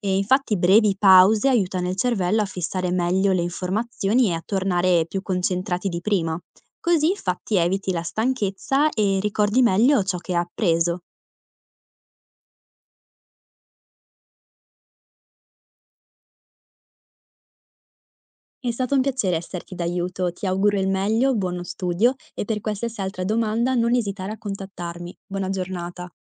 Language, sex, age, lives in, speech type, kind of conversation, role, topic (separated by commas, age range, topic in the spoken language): Italian, female, 20-24, Italy, read, expert-assistant, expert, Come posso capire se le informazioni che leggo sulla memoria e l’attenzione sono davvero affidabili?